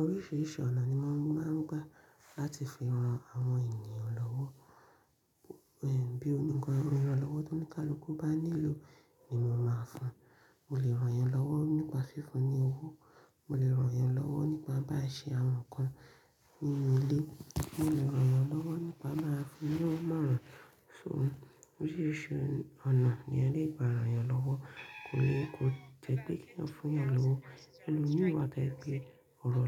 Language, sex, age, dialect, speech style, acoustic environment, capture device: Yoruba, female, 25-34, Nigeria - Ibadan, focused, silence; nature, mobile / Linux / Chrome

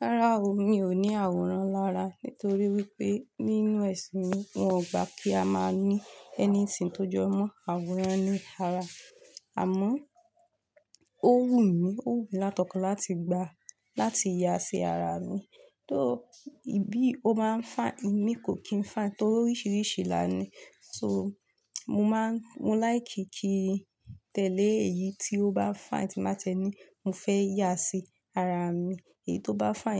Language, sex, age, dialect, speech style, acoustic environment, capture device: Yoruba, female, 25-34, Nigeria - Lagos Yoruba, relaxed, nature, mobile / Linux / Chrome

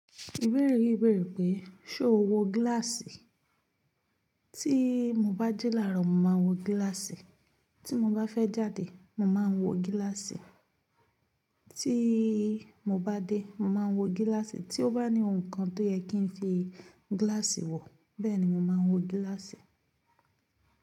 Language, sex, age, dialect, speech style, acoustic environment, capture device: Yoruba, female, 18-24, Nigeria - Ibadan, focused, nature, mobile / Linux / Chrome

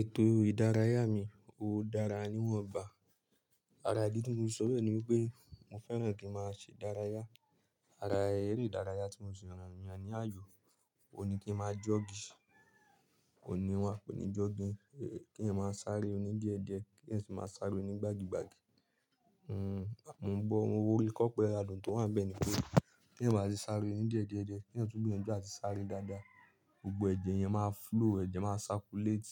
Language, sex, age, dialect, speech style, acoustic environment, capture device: Yoruba, male, 25-34, Nigeria - Nigerian Standard, focused; relaxed, silence, mobile / Linux / Chrome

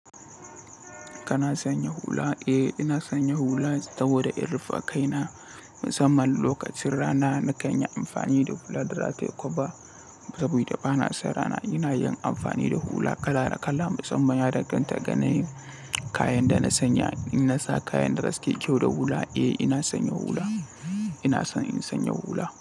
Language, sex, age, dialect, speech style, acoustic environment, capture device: Hausa, male, 18-24, Nigeria - Katsina, happy, people talking, mobile / Linux / Chrome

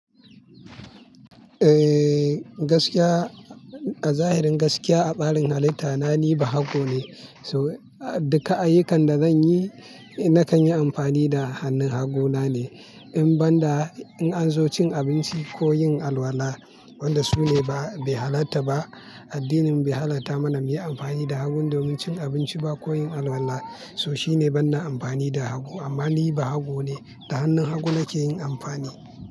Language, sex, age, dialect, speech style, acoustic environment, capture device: Hausa, male, 25-34, Nigeria - Kano, tired; relaxed; happy; excited; focused, nature; people talking, mobile / Linux / Chrome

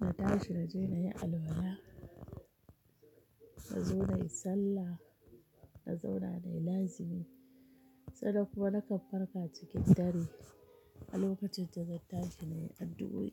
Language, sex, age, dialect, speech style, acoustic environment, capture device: Hausa, female, 35-44, Nigeria - Kano, happy, people talking, mobile / Linux / Chrome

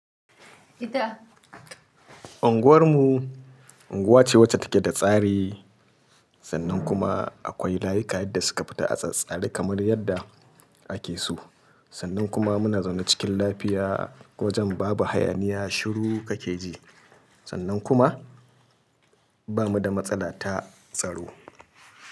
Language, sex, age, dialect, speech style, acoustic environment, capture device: Hausa, male, 35-44, Nigeria - Kano, happy, people talking, mobile / Linux / Chrome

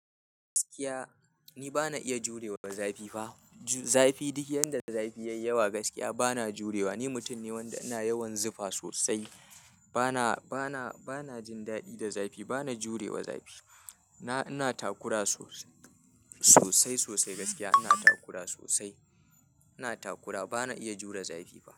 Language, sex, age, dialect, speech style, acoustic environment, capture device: Hausa, male, 25-34, Nigeria - Kano, happy; anxious; nervous; excited; focused; tired; stressed; relaxed, people talking; music; nature; silence; appliances; construction; traffic, mobile / Linux / Chrome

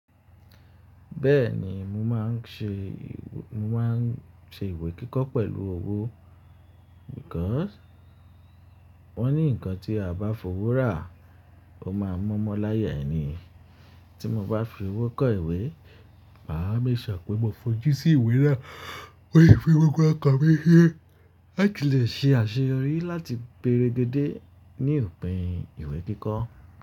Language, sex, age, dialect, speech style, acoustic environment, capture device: Yoruba, male, 25-34, Nigeria - Ibadan, relaxed; happy; excited; focused, silence, mobile / Linux / Chrome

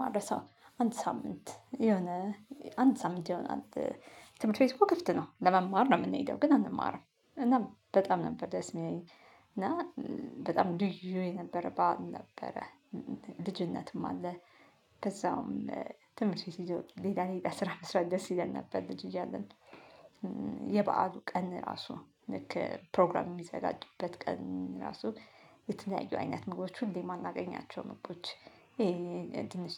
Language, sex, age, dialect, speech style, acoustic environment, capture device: Amharic, female, 35-44, Ethiopia - Addis Ababa, happy; nervous, silence, mobile / Linux / Chrome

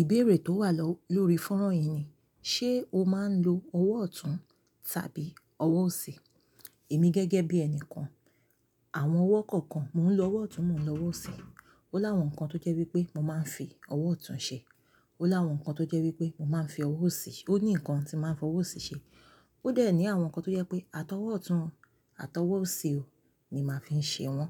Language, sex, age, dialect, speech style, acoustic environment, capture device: Yoruba, female, 35-44, Nigeria - Lagos Yoruba, excited, silence, mobile / Linux / Chrome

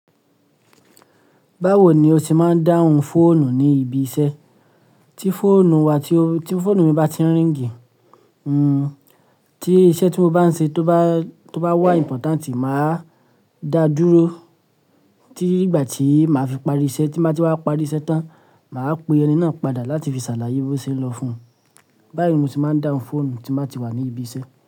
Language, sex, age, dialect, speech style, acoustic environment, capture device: Yoruba, male, 25-34, Nigeria - Lagos Yoruba, happy; relaxed; focused; excited, silence, mobile / Linux / Chrome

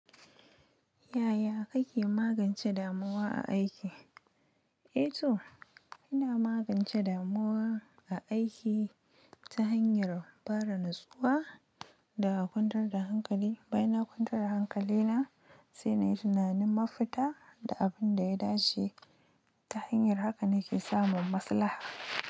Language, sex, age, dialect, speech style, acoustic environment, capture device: Hausa, female, 25-34, Nigeria - Nigerian Standard, happy; excited, silence, mobile / Linux / Chrome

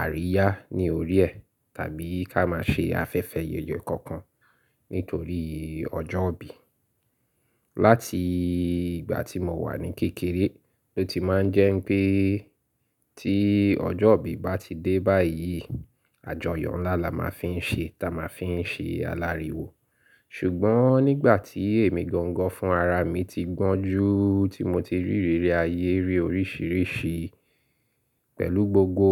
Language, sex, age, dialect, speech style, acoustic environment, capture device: Yoruba, male, 35-44, Nigeria - Lagos Yoruba, happy; excited; focused, silence; appliances, mobile / Linux / Chrome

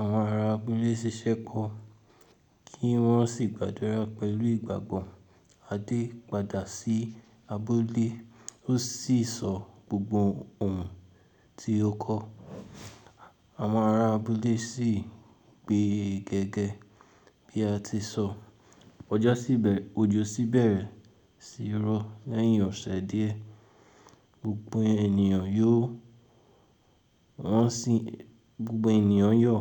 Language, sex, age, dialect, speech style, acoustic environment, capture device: Yoruba, male, 18-24, Nigeria - Ibadan, tired, nature, mobile / Linux / Chrome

